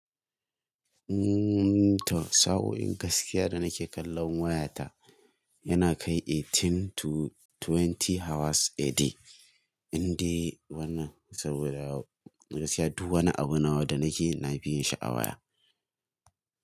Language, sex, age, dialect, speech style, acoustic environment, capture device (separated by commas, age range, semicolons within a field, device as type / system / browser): Hausa, male, 18-24, Nigeria - Kano, relaxed, nature, mobile / Linux / Chrome